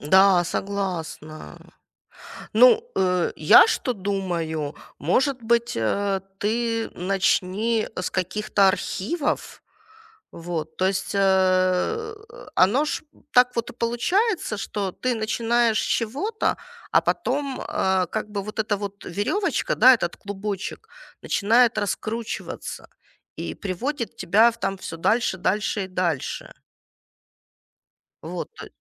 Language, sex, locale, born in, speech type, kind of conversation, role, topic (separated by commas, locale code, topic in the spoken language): Russian, female, ru-RU, Ukraine, conversation, advice, advisor, Какое личное наследие я хочу оставить после себя и почему это важно для меня?